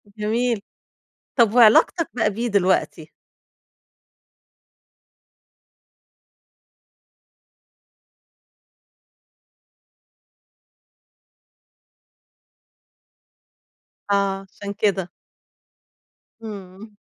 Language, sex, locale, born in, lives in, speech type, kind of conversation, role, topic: Arabic, female, ar-EG, Egypt, Egypt, conversation, podcast, host, احكيلي عن أحلى لقاء حصل لك مع حد غريب وإنت مسافر؟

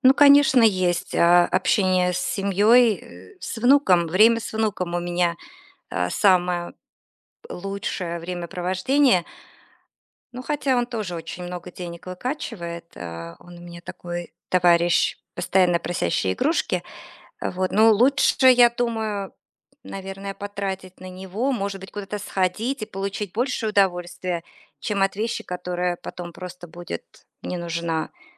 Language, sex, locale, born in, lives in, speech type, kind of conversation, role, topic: Russian, female, ru-RU, Russia, United States, conversation, advice, user, Почему я постоянно совершаю импульсивные покупки вещей, которые быстро становятся ненужными?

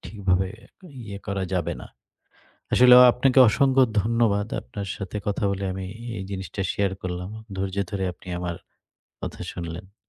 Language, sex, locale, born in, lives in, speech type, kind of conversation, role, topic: Bengali, male, bn-BD, Bangladesh, Bangladesh, conversation, advice, user, ব্যায়াম রুটিন শুরু করতে ইচ্ছা থাকলেও আপনি কোন বাধাগুলোর কারণে তা শুরু করতে পারছেন না?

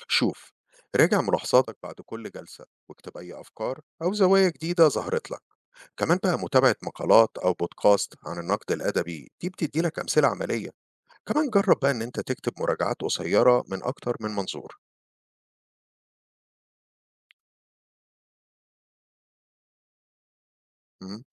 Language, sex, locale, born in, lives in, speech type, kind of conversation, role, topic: Arabic, male, ar-EG, Egypt, United States, read, expert-assistant, expert, كل ما أقرأ نقد أدبي عن رواية بحبها بحس إني بتلخبط أكتر، إزاي أقدر أوازن بين رأيي ورأي النقاد من غير ما أضيع متعتي بالقراءة؟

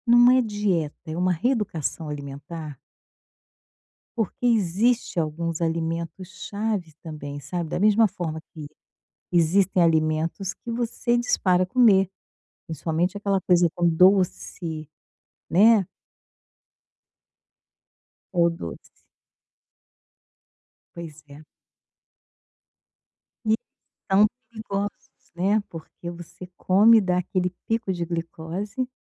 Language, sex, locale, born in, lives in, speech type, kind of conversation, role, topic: Portuguese, female, pt-BR, Brazil, Portugal, conversation, advice, advisor, Como posso substituir hábitos negativos por hábitos positivos?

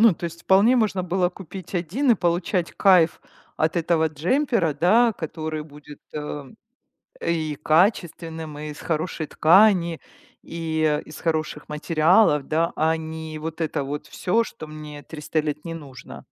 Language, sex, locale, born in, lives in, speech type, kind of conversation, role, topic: Russian, female, ru-RU, Ukraine, Italy, conversation, advice, user, Как в долгосрочной перспективе экономить, не чувствуя себя лишённым удовольствий?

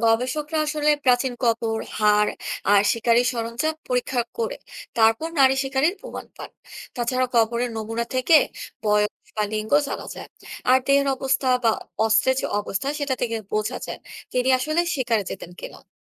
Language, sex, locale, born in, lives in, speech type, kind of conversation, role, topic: Bengali, female, bn-BD, Bangladesh, Bangladesh, read, expert-assistant, expert, অনেকে মনে করেন, প্রাচীন কালে কেবল পুরুষরাই শিকার করত। এই ব্যাপারটা কি গবেষণায় সত্যি বলে প্রমাণিত হয়েছে?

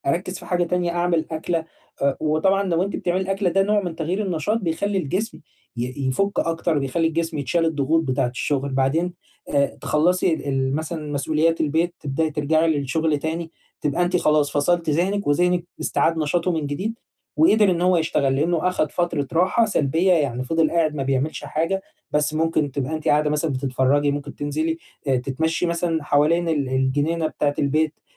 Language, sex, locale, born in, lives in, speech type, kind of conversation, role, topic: Arabic, male, ar-EG, Egypt, Egypt, conversation, advice, advisor, إزاي أوازن بين فترات التركيز والراحة من غير ما أحس بإرهاق؟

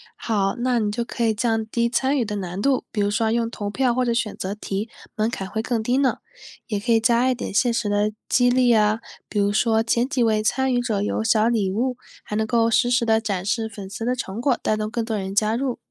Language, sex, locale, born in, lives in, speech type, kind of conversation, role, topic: Chinese, female, zh-CN, China, United States, read, expert-assistant, expert, 如果一家企业想让大家更喜欢自己，有哪些简单有效的公关做法可以尝试？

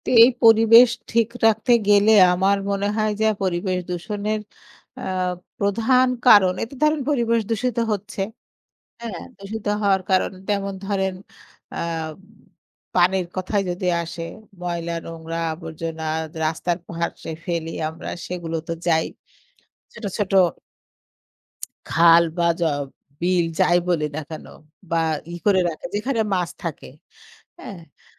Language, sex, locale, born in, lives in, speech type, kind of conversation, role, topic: Bengali, female, bn-BD, Bangladesh, Bangladesh, conversation, unstructured, none, আপনার মতে পরিবেশ দূষণের জন্য কারা সবচেয়ে বেশি দায়ী?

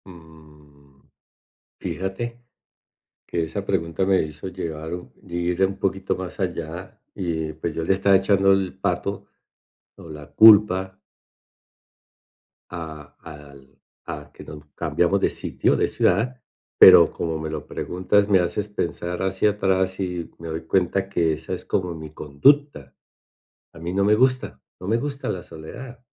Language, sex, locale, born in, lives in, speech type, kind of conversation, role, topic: Spanish, male, es-CO, Colombia, United States, conversation, advice, user, ¿Cómo puedo reconciliarme con la soledad de manera positiva?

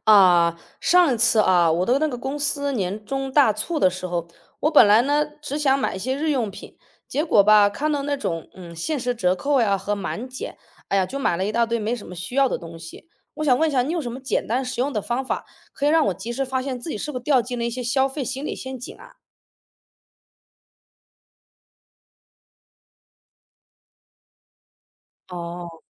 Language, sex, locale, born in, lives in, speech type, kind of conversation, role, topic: Chinese, female, zh-CN, China, United States, read, expert-assistant, asker, 有哪些实用的方式，可以帮助我们识别自己在花钱时容易掉进的“心理陷阱”？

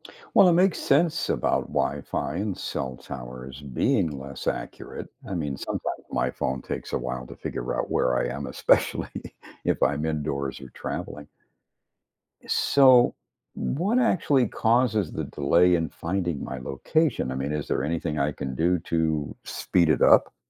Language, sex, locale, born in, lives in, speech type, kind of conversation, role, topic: English, male, en-US, United States, United States, read, expert-assistant, asker, How do phones determine and manage your location, and what happens to your location data?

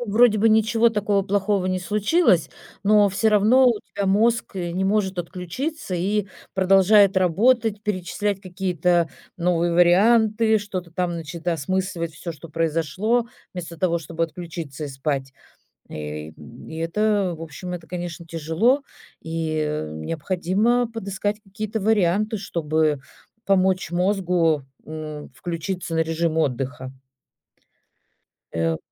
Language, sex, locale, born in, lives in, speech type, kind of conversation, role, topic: Russian, female, ru-RU, Russia, Italy, conversation, advice, advisor, Как справиться с проблемами со сном и ночными тревожными мыслями о делах?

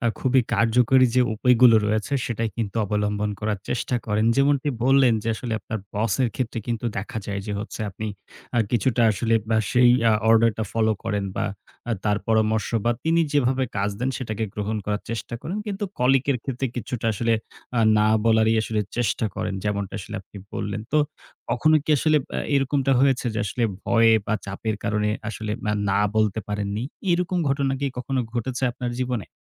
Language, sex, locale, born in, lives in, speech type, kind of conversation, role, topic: Bengali, male, bn-BD, Bangladesh, Bangladesh, conversation, podcast, host, অতিরিক্ত কাজ বলতে কবে না বলবেন, তা কীভাবে ঠিক করেন?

